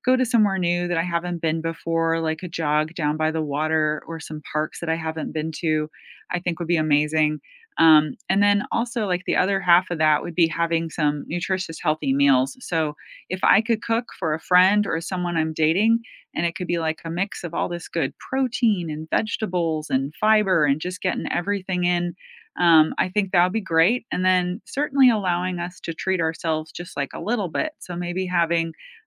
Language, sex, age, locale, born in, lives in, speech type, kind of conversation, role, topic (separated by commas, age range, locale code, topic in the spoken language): English, female, 45-49, en-US, United States, United States, conversation, unstructured, none, What are you working toward in your personal life this year, and what kind of support helps you most?